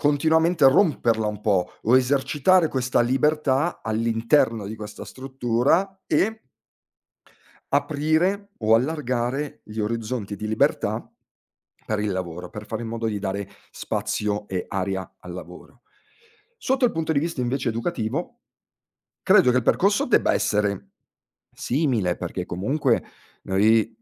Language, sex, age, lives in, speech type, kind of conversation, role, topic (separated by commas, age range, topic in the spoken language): Italian, male, 40-44, Italy, conversation, podcast, guest, Qual è il ruolo dell’errore nei tuoi esperimenti creativi?